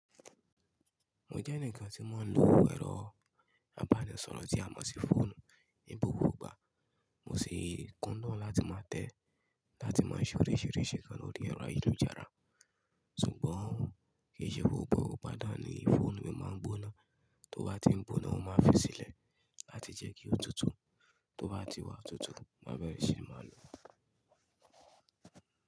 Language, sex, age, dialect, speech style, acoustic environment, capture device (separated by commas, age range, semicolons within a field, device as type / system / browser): Yoruba, male, 25-34, Nigeria - Ibadan, happy, construction, mobile / Linux / Chrome